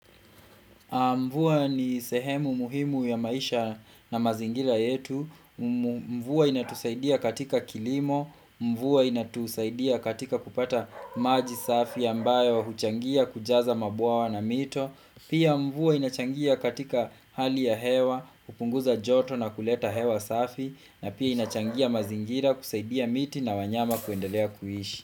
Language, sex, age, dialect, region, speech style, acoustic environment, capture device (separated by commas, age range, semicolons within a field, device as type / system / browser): Swahili, male, 25-34, Kenya - Kiambu, Kenya, relaxed, silence, desktop / Windows / Chrome